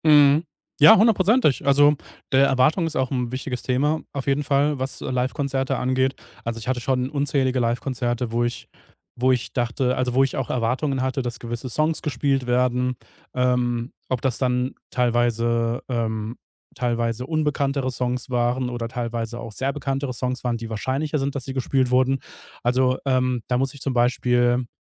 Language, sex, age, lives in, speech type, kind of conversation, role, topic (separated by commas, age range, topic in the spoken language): German, male, 30-34, Germany, conversation, podcast, guest, Wie beeinflusst die Stimmung im Publikum deinen Eindruck von einer Band?